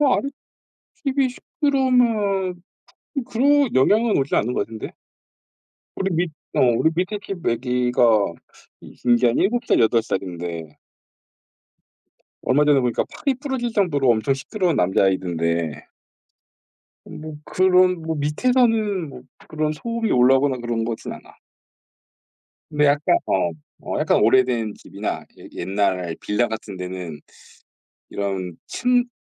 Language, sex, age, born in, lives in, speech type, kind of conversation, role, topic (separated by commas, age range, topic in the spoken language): Korean, male, 40-44, South Korea, South Korea, conversation, unstructured, none, 운동이 정신 건강에 어떤 영향을 준다고 생각하시나요?